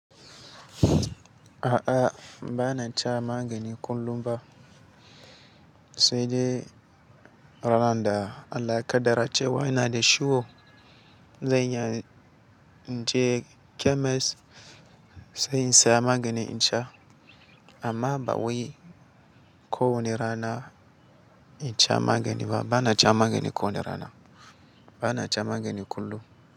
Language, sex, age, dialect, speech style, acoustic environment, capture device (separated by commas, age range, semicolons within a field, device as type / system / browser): Hausa, male, 18-24, Nigeria - Katsina, relaxed, silence, mobile / Linux / Chrome